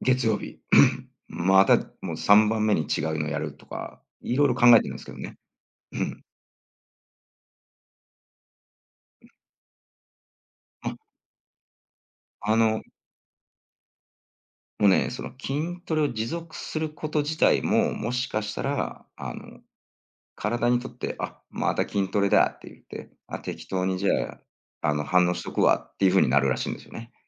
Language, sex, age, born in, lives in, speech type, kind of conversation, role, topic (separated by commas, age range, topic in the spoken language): Japanese, male, 45-49, Japan, United States, conversation, podcast, guest, 完璧主義を手放すために、普段どんな工夫をしていますか？